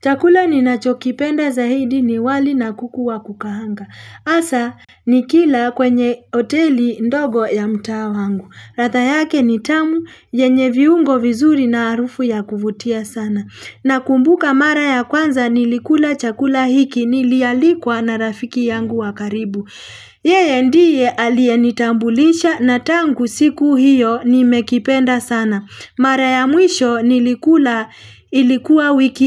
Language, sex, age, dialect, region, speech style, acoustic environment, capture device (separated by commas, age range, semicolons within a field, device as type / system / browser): Swahili, female, 35-44, Kenya - Nairobi Sheng-influenced, Kenya, relaxed; happy; focused; excited, people talking; nature; construction; traffic; appliances, mobile / Linux / Chrome